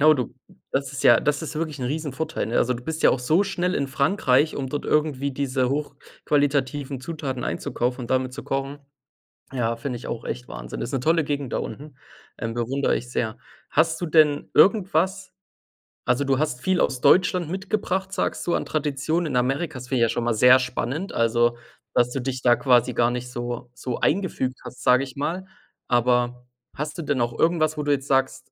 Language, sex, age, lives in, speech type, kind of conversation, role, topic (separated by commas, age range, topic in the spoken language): German, male, 25-29, Germany, conversation, unstructured, none, Welche Traditionen möchtest du an die nächste Generation weitergeben?